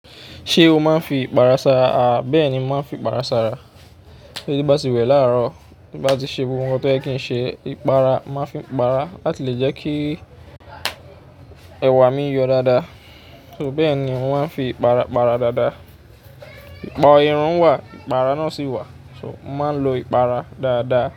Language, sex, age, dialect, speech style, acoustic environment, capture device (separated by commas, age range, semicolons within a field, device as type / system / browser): Yoruba, male, 25-34, Nigeria - Ijebu, happy; excited; focused, traffic; nature; people talking, mobile / Linux / Chrome